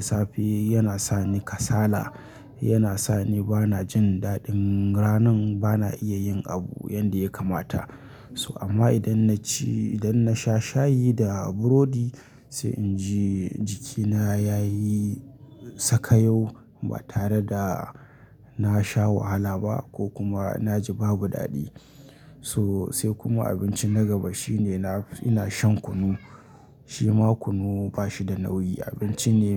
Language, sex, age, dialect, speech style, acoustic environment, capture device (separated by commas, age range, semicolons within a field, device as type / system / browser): Hausa, male, 25-34, Nigeria - Kano, happy; anxious; tired; relaxed; nervous; excited; focused; stressed, people talking; music; nature; silence; traffic; construction; appliances, mobile / Linux / Chrome